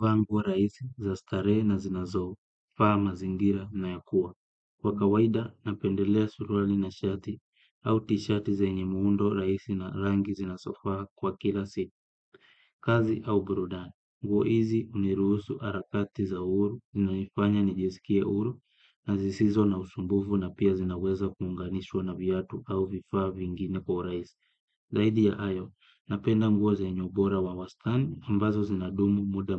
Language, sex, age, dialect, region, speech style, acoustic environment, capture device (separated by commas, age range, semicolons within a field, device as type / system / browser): Swahili, male, 25-34, Kenya - Kitui, Kenya, happy; focused, silence, desktop / Windows / Chrome